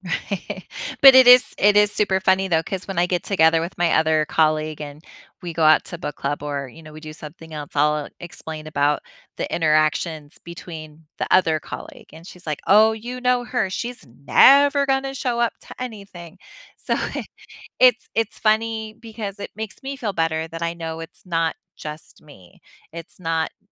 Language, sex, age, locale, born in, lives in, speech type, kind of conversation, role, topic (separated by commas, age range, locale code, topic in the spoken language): English, female, 40-44, en-US, United States, United States, conversation, advice, user, How can I address feeling ignored by close friends?